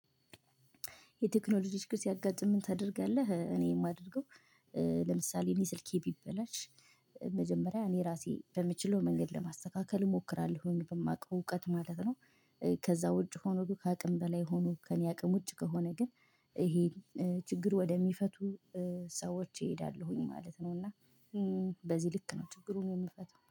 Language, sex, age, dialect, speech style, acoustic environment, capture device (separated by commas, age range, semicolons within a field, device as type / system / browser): Amharic, female, 25-34, Ethiopia - Gojjam, excited; happy; relaxed, silence, mobile / Linux / Chrome